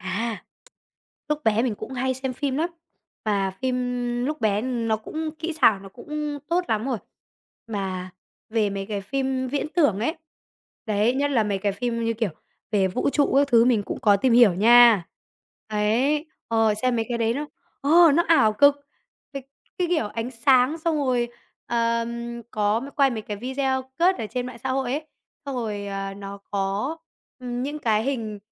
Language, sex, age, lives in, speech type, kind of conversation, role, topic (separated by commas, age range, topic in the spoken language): Vietnamese, male, 20-24, Vietnam, conversation, podcast, guest, Hồi nhỏ, điều gì khiến bạn tò mò nhất?